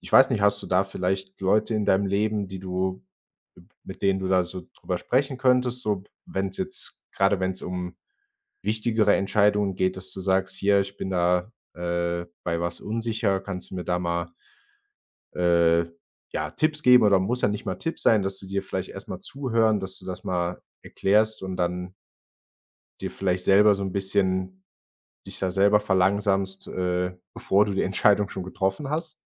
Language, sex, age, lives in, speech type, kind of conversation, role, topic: German, male, 25-29, Germany, conversation, advice, advisor, Wie finde ich bei einer wichtigen Lebensentscheidung eine Balance zwischen Kopf und Gefühl?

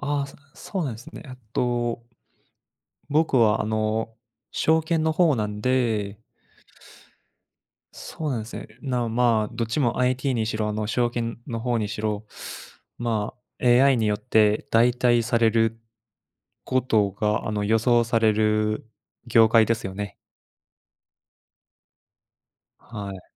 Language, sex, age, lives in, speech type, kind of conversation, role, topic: Japanese, male, 25-29, Japan, conversation, unstructured, none, ロボットが人間の代わりになる未来は怖いですか？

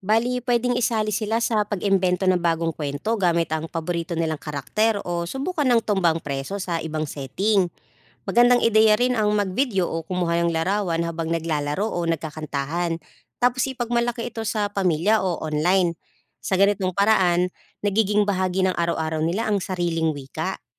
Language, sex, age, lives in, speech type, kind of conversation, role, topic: Filipino, female, 35-39, Philippines, read, expert-assistant, expert, Anong mga paraan ang puwedeng gawin ng pamilya para hindi makalimutan ng mga bata ang kanilang katutubong wika?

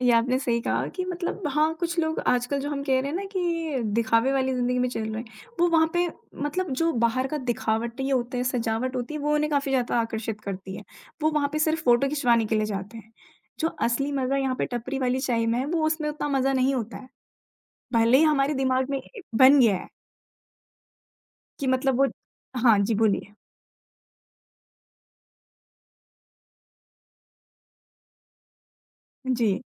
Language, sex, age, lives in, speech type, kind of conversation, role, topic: Hindi, female, 20-24, India, conversation, podcast, host, Purane mohalla ki chai ki dukaan par tumhari kaunsi yaad sabse zyada yaadgaar hai?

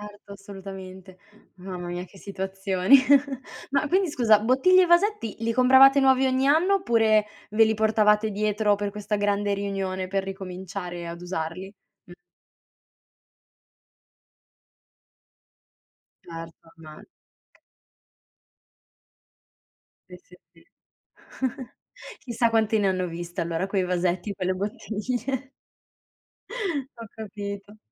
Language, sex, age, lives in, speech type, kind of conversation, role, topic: Italian, female, 25-29, Italy, conversation, podcast, host, Che cosa ti fa sentire davvero a casa?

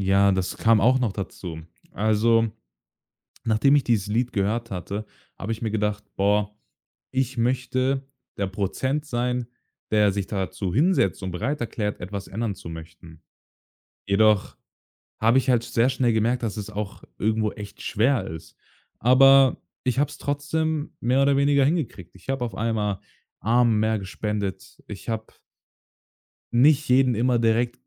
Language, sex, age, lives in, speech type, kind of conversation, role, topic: German, male, 18-19, Germany, conversation, podcast, guest, Welches Lied löst bei dir Gänsehaut aus?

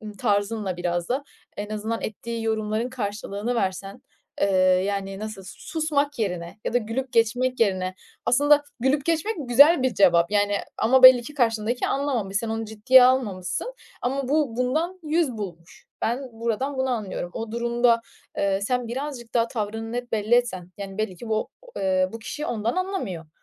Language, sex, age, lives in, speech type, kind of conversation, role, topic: Turkish, female, 25-29, Italy, conversation, advice, advisor, Kıyafet ve görünüş seçimlerimin başkaları tarafından yargılanmasından neden korkuyorum?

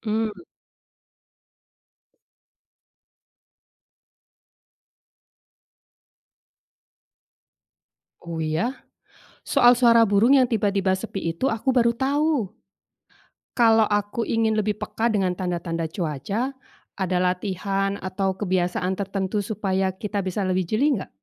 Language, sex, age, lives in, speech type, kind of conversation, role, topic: Indonesian, female, 45-49, Indonesia, read, expert-assistant, asker, Bagaimana cara melatih kepekaan mengenali tanda-tanda perubahan cuaca sendiri?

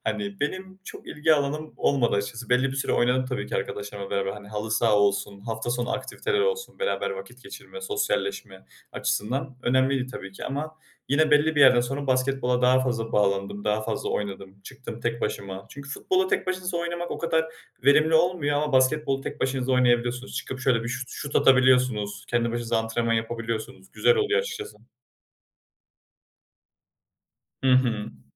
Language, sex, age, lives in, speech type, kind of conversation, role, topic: Turkish, male, 20-24, Germany, conversation, podcast, guest, Sağlıklı beslenme senin için ne ifade ediyor ve bunu günlük hayatında nasıl uyguluyorsun?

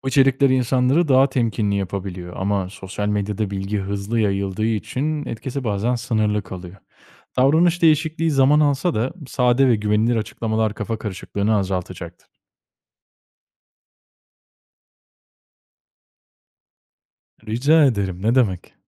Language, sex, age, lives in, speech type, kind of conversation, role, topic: Turkish, male, 25-29, Italy, read, expert-assistant, expert, Bilimsel bilgi ile kişisel deneyim arasında denge nasıl kurulur?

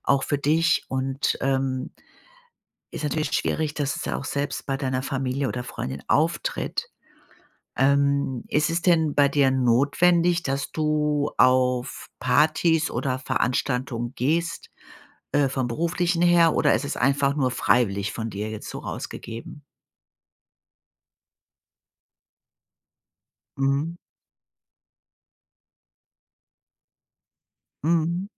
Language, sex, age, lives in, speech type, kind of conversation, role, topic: German, female, 55-59, Germany, conversation, advice, advisor, Warum fühle ich mich auf Partys und anderen sozialen Veranstaltungen oft unwohl und überfordert?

